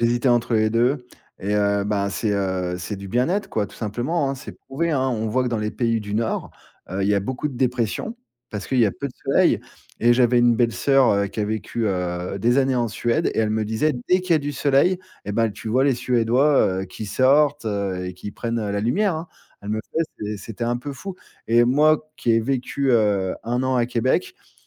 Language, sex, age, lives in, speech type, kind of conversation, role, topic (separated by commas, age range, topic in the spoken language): French, male, 40-44, France, conversation, podcast, guest, Comment la météo saisonnière influence-t-elle ton humeur ?